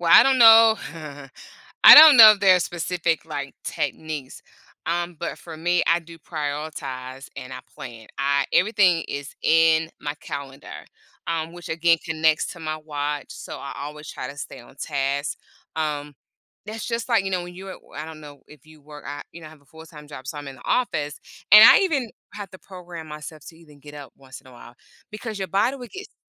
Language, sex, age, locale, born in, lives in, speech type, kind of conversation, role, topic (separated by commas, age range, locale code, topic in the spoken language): English, female, 45-49, en-US, United States, United States, conversation, podcast, guest, What strategies help you maintain focus in a world full of digital distractions?